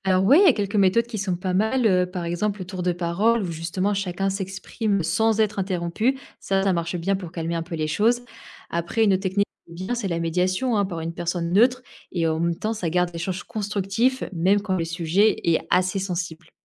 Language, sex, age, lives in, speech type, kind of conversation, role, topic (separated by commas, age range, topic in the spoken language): French, female, 25-29, France, read, expert-assistant, expert, Quels sont, selon toi, les avantages et les inconvénients de faire partie d’une grande religion aujourd’hui ?